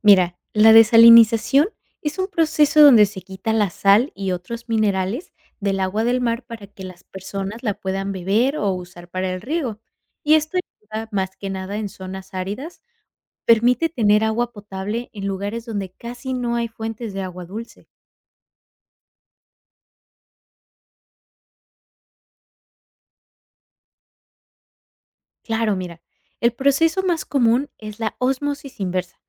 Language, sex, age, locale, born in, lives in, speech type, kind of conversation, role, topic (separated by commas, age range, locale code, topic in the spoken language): Spanish, female, 25-29, es-MX, Mexico, United States, read, expert-assistant, expert, Describe el proceso de desalinización y su utilidad en zonas áridas.